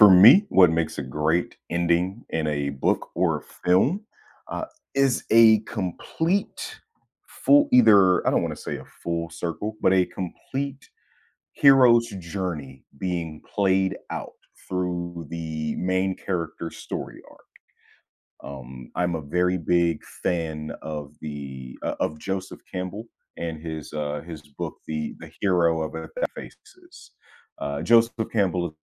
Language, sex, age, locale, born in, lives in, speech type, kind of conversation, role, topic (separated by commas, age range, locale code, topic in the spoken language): English, male, 30-34, en-US, United States, United States, conversation, podcast, guest, Why do some story endings leave a lasting impression while others are quickly forgotten?